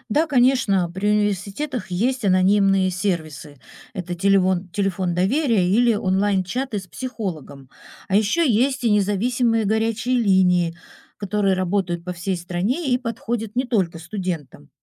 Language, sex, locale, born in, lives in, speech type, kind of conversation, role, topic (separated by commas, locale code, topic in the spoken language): Russian, female, ru-RU, Russia, Italy, read, expert-assistant, expert, Как отличить полезные и опасные советы по ментальному здоровью в интернете?